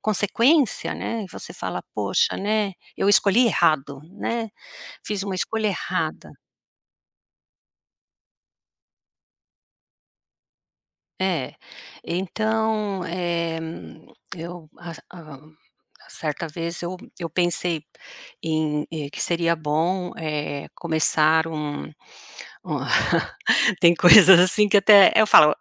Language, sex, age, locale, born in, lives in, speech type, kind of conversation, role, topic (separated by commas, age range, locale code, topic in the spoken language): Portuguese, female, 55-59, pt-BR, Brazil, United States, conversation, podcast, guest, Como você pode aprender com os seus erros sem se punir?